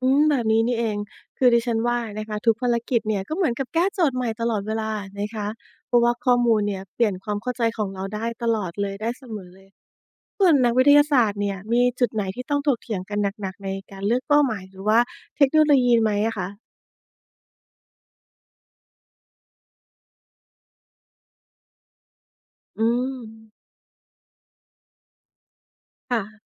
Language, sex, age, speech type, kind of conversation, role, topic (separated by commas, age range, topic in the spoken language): Thai, female, 40-44, read, expert-assistant, asker, ถ้าต้องจัดลำดับดาวเคราะห์หรือดวงจันทร์ที่มีศักยภาพจะพบชีวิตมากที่สุดในระบบสุริยะของเรา คุณคิดว่าควรให้ความสำคัญกับที่ไหนก่อน และเพราะอะไร?